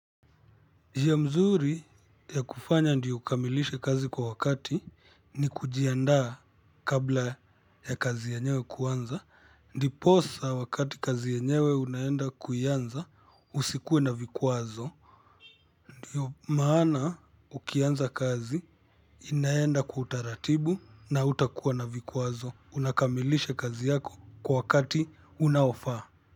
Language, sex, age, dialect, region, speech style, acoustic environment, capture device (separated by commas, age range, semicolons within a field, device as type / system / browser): Swahili, male, 25-34, Kenya - Kitui, Kenya, excited; focused; relaxed, traffic, mobile / Linux / Chrome